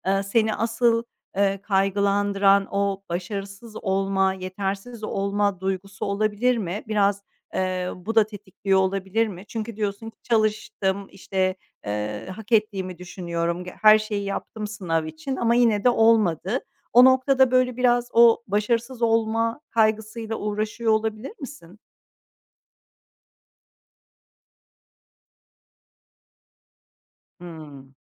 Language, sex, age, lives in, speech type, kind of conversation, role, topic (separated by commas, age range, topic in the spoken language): Turkish, female, 45-49, Netherlands, conversation, advice, advisor, Sınav ya da değerlendirme kaygını anlatır mısın?